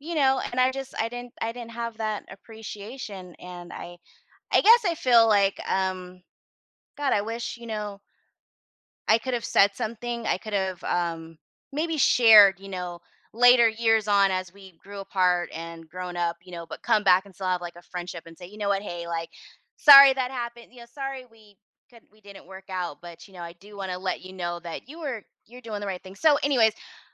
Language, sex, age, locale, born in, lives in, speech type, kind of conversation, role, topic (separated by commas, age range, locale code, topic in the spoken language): English, female, 40-44, en-US, United States, United States, conversation, advice, user, How can I tell my first love I still have feelings?